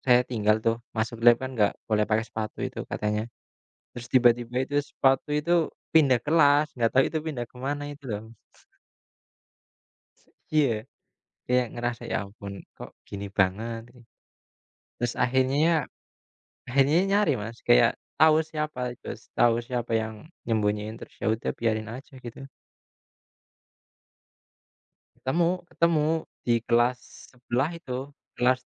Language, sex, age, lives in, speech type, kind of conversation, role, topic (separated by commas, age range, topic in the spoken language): Indonesian, male, 25-29, Indonesia, conversation, unstructured, none, Bagaimana kamu mengatasi rasa marah saat identitasmu diserang?